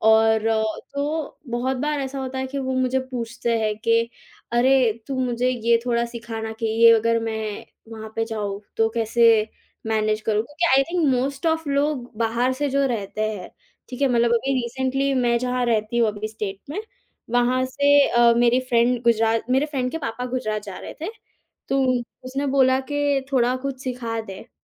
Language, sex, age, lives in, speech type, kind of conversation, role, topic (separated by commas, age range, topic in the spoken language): Hindi, female, 18-19, India, conversation, podcast, guest, Toh batao, tumhari family ki zubaan tumhari pehchaan ko kaise shape karti hai?